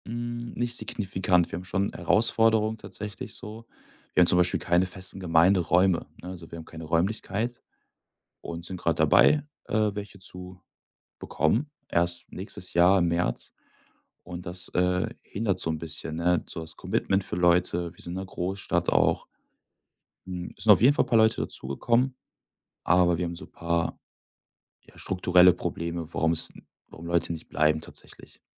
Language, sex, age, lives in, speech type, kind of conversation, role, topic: German, male, 25-29, Germany, conversation, podcast, guest, Was bedeutet Erfolg für dich persönlich im Job?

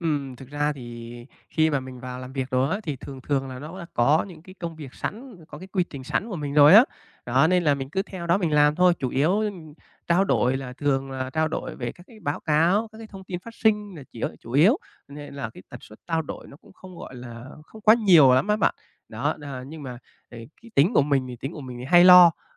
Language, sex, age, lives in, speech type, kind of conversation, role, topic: Vietnamese, male, 25-29, Vietnam, conversation, advice, user, Làm thế nào để nhận biết và thay đổi những thói quen tự động đang gây hại?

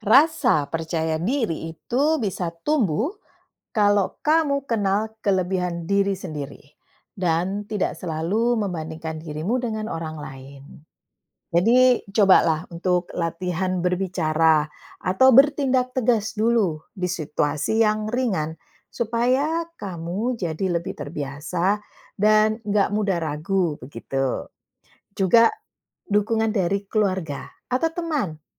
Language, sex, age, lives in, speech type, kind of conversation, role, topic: Indonesian, female, 45-49, Netherlands, read, expert-assistant, expert, Apa saja tips agar tidak mudah terpengaruh oleh tekanan teman sebaya?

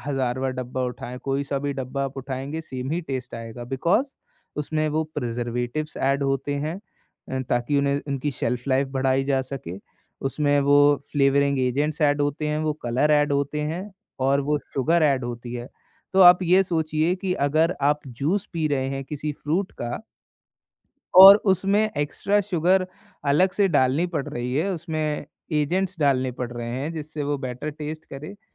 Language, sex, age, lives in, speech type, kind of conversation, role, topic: Hindi, male, 20-24, India, conversation, podcast, guest, Budget mein healthy khana kaise khayein, koi simple tips bata sakte ho?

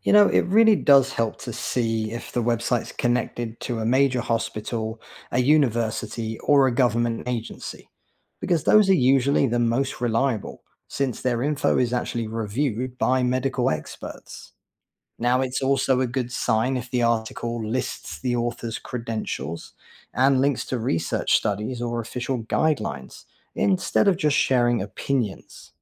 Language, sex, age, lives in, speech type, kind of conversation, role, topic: English, male, 40-44, United States, read, expert-assistant, expert, How can you tell if health claims are credible?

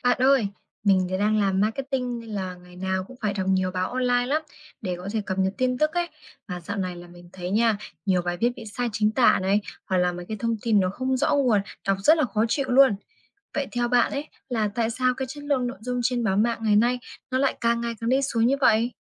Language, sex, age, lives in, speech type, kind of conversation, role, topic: Vietnamese, female, 20-24, Vietnam, read, expert-assistant, asker, Chất lượng báo mạng hiện nay giảm sút vì đâu và làm sao để nhận biết tin chính xác?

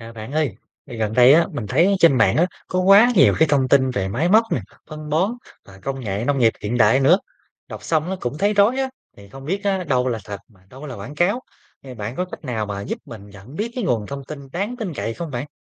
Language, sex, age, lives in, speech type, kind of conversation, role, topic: Vietnamese, male, 25-29, Vietnam, read, expert-assistant, asker, Làm sao nhận biết và kiểm chứng thông tin công nghệ nông nghiệp mới?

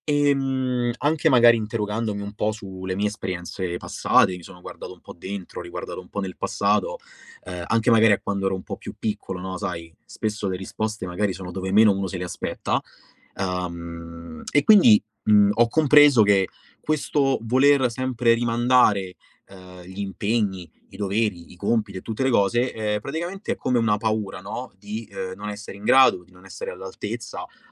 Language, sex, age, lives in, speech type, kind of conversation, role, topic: Italian, male, 25-29, Italy, conversation, podcast, guest, Come mantieni la disciplina quando lavori da casa?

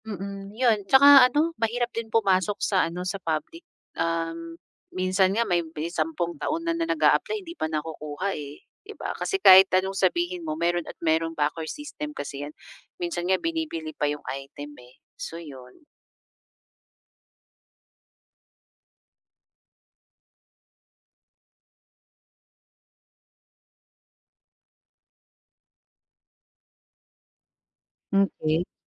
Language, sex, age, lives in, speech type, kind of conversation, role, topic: Filipino, female, 30-34, Philippines, conversation, advice, advisor, Paano ko matutuklasan kung ano talaga ang tunay kong pinahahalagahan?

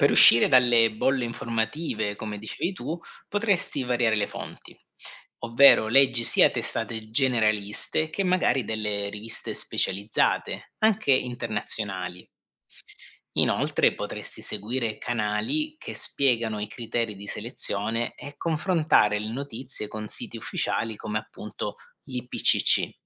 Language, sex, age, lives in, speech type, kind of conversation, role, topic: Italian, male, 40-44, Germany, read, expert-assistant, expert, Quali strategie posso usare per trovare informazioni chiare e aggiornate sui cambiamenti climatici?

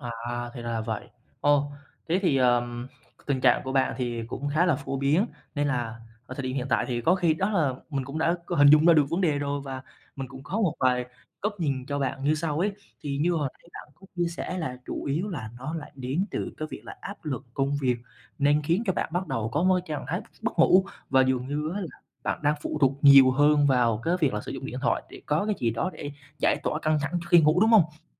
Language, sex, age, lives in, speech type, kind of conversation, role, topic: Vietnamese, male, 20-24, Vietnam, conversation, advice, advisor, Bạn thường dùng điện thoại bao lâu trước khi ngủ và điều đó có khiến bạn khó ngủ ngon không?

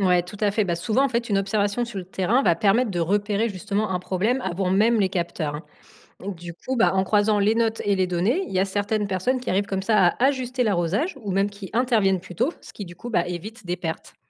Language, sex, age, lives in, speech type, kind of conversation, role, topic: French, female, 35-39, France, read, expert-assistant, expert, Par où commencer pour profiter des innovations technologiques dans l’agriculture sans être expert ?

